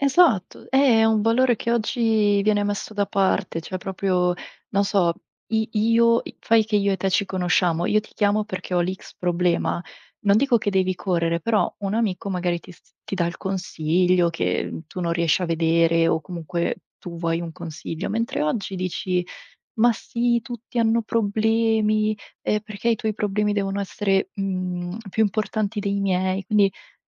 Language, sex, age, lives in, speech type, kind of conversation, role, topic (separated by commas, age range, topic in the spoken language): Italian, female, 25-29, Italy, conversation, unstructured, none, Qual è il valore dell’amicizia nella società di oggi?